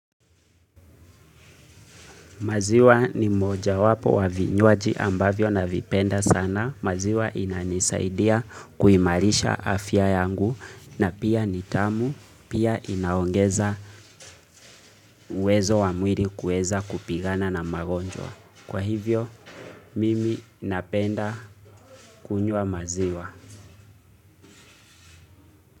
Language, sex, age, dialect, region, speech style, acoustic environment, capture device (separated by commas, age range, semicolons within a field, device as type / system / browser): Swahili, male, 25-34, Kenya - Nairobi Sheng-influenced, Kenya, relaxed; focused, silence, mobile / Linux / Chrome